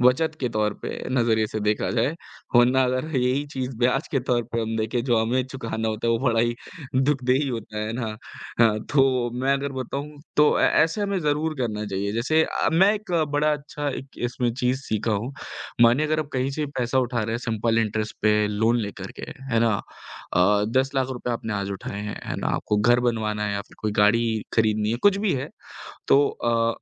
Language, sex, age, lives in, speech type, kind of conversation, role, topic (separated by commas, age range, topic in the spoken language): Hindi, male, 18-19, India, conversation, unstructured, none, पैसे की बचत करने से आपकी ज़िंदगी में क्या बदलाव आया?